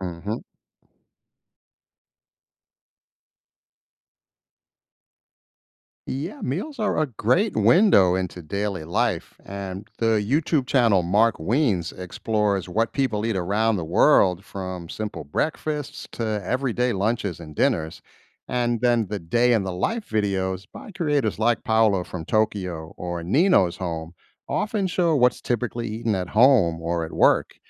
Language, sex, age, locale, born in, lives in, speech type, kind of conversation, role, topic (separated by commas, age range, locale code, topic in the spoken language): English, male, 50-54, en-US, United States, United States, read, expert-assistant, expert, How can people learn about different cultures without traveling?